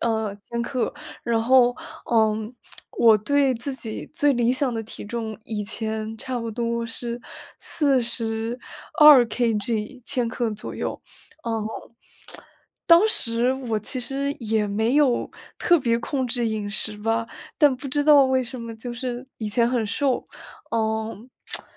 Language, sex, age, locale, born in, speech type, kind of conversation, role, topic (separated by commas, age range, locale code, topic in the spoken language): Chinese, female, 20-24, zh-CN, China, conversation, advice, user, 我想健康减重，但对节食方法和长期可行性拿不定主意，该怎么办？